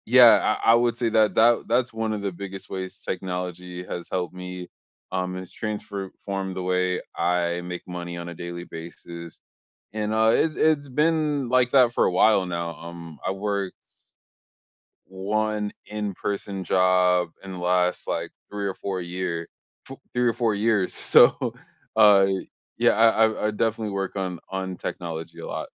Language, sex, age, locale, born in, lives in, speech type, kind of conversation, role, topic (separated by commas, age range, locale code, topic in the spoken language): English, male, 25-29, en-US, United States, United States, conversation, unstructured, none, When has technology made your day unexpectedly easier?